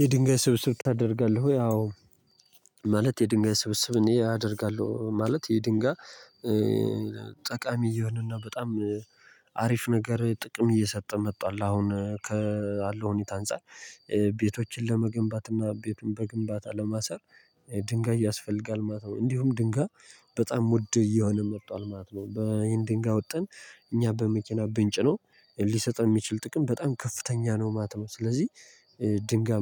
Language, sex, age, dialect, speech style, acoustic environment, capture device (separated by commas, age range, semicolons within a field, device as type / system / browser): Amharic, male, 18-24, Ethiopia - Gojjam, happy, nature, mobile / Linux / Chrome